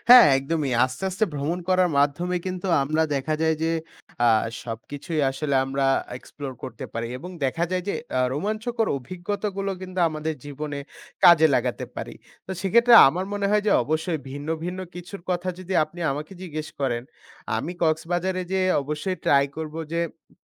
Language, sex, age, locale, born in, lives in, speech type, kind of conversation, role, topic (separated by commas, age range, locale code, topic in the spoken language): Bengali, male, 20-24, bn-BD, Bangladesh, Bangladesh, conversation, unstructured, none, সর্বশেষ আপনি কোথায় ছুটিতে গিয়েছিলেন, আর কেমন লেগেছিল?